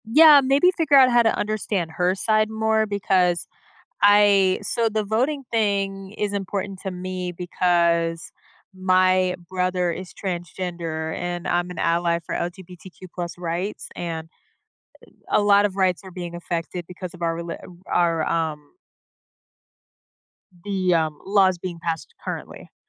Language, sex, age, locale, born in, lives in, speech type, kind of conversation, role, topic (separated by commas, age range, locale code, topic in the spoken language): English, female, 25-29, en-US, United States, United States, conversation, advice, user, How can I create peaceful moments after a conflict?